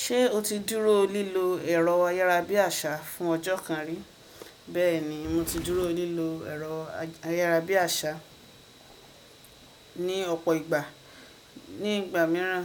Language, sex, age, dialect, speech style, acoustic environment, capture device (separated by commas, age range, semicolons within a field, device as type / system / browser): Yoruba, female, 25-34, Nigeria - Ibadan, relaxed, nature, desktop / Windows / Chrome